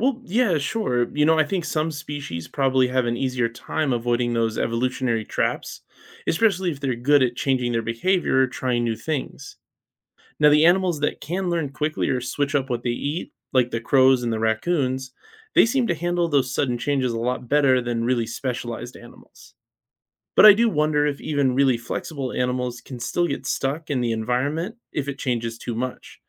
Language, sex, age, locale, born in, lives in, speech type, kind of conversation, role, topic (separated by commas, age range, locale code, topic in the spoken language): English, male, 35-39, en-US, United States, United States, read, expert-assistant, asker, Are physical or behavioral adaptations more effective for survival?